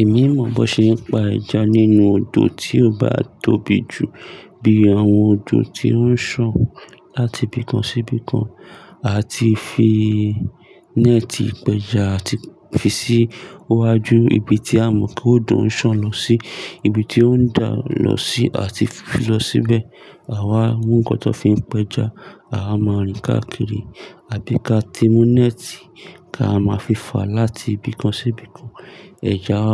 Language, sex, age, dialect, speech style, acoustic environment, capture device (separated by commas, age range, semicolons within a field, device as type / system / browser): Yoruba, male, 25-34, Nigeria - Lagos Yoruba, focused, people talking; nature, mobile / Linux / Chrome